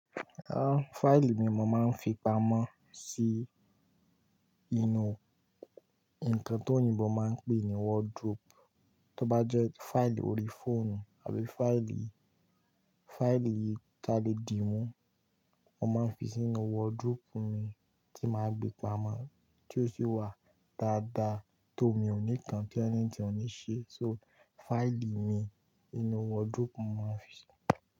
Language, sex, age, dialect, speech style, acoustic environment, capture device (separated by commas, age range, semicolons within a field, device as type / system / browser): Yoruba, male, 18-24, Nigeria - Kano, relaxed, people talking, mobile / Linux / Chrome